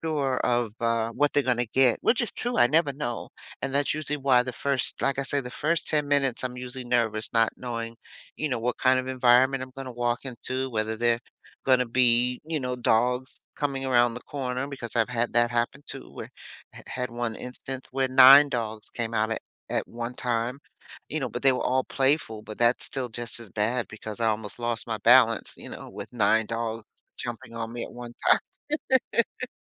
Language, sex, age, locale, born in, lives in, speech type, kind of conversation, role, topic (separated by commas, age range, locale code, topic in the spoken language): English, female, 60-64, en-US, United States, United States, conversation, advice, user, How can I overcome my fear of public speaking and feel more confident when presenting ideas?